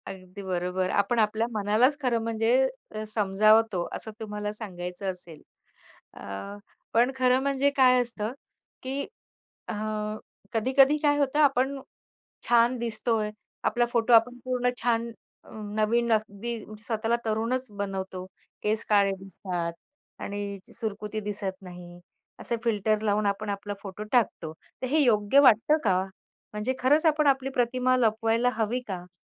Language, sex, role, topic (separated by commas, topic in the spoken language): Marathi, female, host, लोक सामाजिक माध्यमांवर आपलं खरं ‘मी’ का लपवतात, असं तुम्हाला का वाटतं?